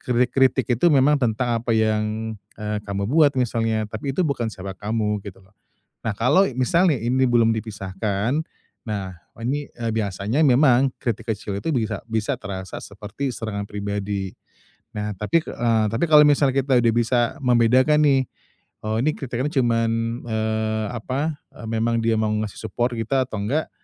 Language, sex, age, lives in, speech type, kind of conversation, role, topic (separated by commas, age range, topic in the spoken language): Indonesian, male, 35-39, Indonesia, conversation, advice, advisor, Bagaimana cara menghadapi kritik saat orang mengomentari karya saya?